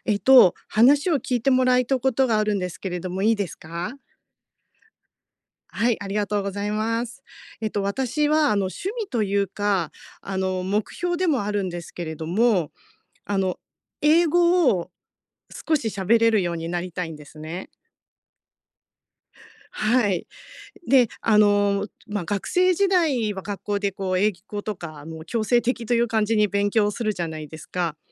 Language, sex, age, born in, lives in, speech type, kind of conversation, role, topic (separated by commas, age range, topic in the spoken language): Japanese, female, 50-54, Japan, Japan, conversation, advice, user, 趣味を毎日の習慣として続けるには、どうすればいいですか？